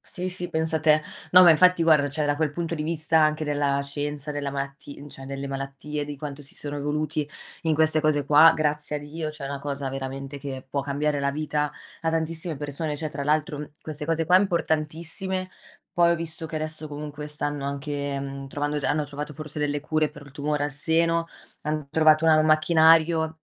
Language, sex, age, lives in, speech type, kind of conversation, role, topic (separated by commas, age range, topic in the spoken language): Italian, female, 25-29, Italy, conversation, unstructured, none, Come pensi che la tecnologia abbia cambiato la vita quotidiana?